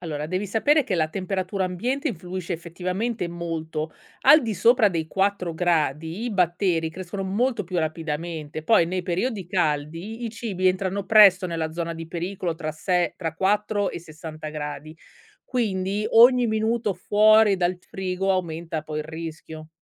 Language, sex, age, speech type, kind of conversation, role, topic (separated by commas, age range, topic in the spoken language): Italian, female, 35-39, read, expert-assistant, expert, Cosa bisogna considerare quando si fa la spesa e si organizzano i pasti per garantire la sicurezza degli alimenti?